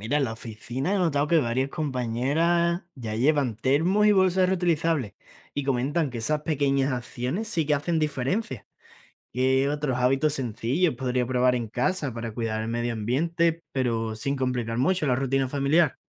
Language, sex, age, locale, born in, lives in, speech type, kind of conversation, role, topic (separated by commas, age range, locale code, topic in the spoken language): Spanish, male, 20-24, es-ES, Spain, Spain, read, expert-assistant, asker, ¿Qué hábitos sencillos puedo adoptar en casa para cuidar el medio ambiente sin complicar mi rutina?